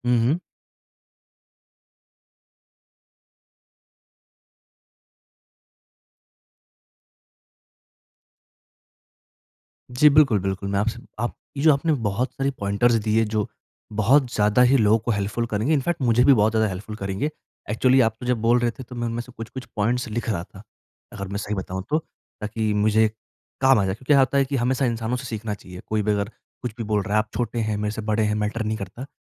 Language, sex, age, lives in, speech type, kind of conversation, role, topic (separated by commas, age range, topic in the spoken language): Hindi, male, 20-24, India, conversation, podcast, host, Raat ko phone ka use limit karne ka tumhara tareeka kya hai?